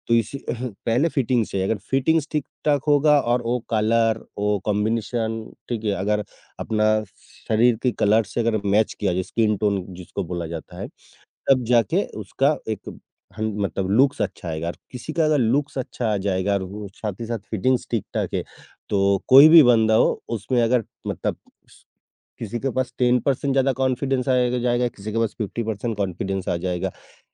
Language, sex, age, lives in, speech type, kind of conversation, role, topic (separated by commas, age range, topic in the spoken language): Hindi, male, 55-59, India, conversation, podcast, guest, Budget tight ho, toh style ko naya look dena kahaan se shuru karoge?